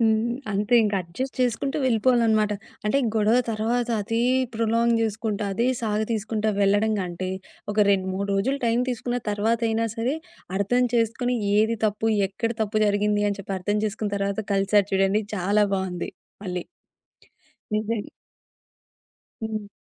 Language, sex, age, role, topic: Telugu, female, 20-24, host, గొడవ తర్వాత సంబంధాన్ని మళ్లీ ఎలా చక్కబెట్టుకున్నారు?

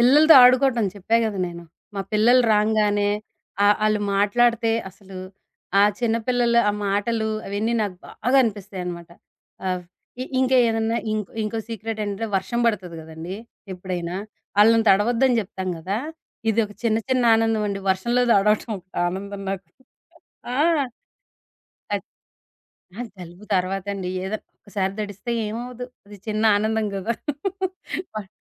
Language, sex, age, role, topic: Telugu, female, 40-44, guest, రోజుకు చిన్న ఆనందం కోసం మీరు ఏదైనా ప్రత్యేకంగా చేస్తారా?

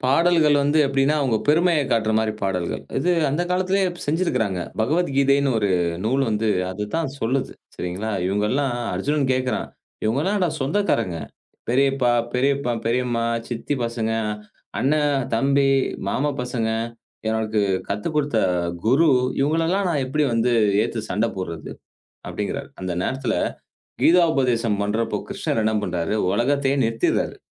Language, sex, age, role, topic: Tamil, male, 35-39, guest, பழமையான புராணக் கதைகளை அழகாகவும் சுவாரஸ்யமாகவும் சொல்ல நீங்கள் என்ன யோசனை வைத்திருக்கிறீர்கள்?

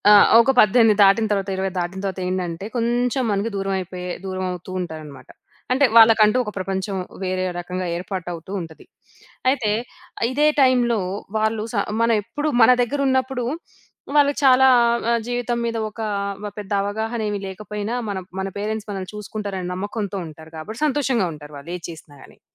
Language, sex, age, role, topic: Telugu, female, 25-29, host, సాధారణ రోజువారీ జీవితంలో సంతోషాన్ని మీరు ఎలా కనుగొంటారు?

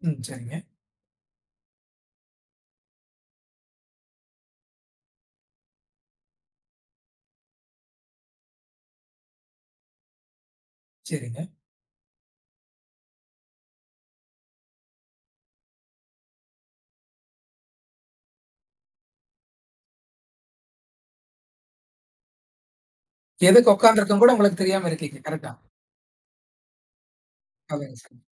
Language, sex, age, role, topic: Tamil, male, 20-24, host, உங்கள் வாழ்க்கையை மாற்றிய ஒரு பயண அனுபவத்தைப் பற்றி சொல்ல முடியுமா?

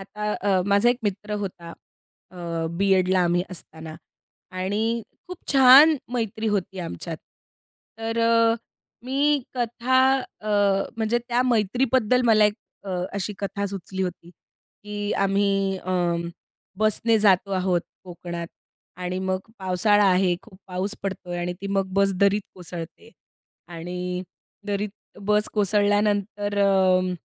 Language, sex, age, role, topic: Marathi, female, 30-34, guest, नोकरी आणि आर्थिक ताण असताना तुम्ही तुमची सर्जनशीलता कशी टिकवून ठेवता?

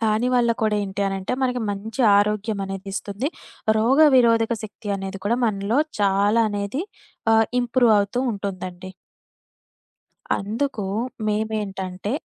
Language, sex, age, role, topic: Telugu, female, 25-29, guest, మీ ఇంట్లో తరతరాలుగా కొనసాగుతున్న సంప్రదాయ వంటకాల గురించి చెప్పగలరా?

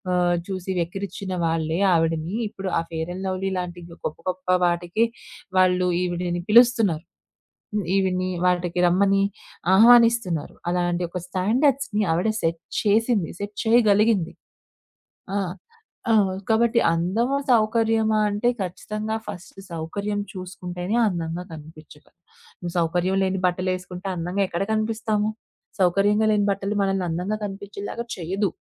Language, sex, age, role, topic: Telugu, female, 25-29, guest, అందం కన్నా సౌకర్యం — మీకు ఏది ఎక్కువ ప్రాధాన్యం?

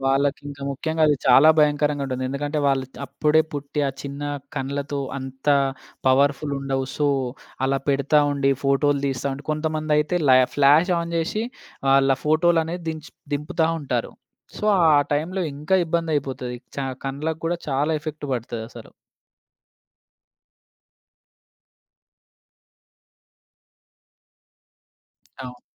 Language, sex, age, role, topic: Telugu, male, 25-29, host, డిజిటల్ డిటాక్స్‌ను మీరు ఎలా చేయగలరు?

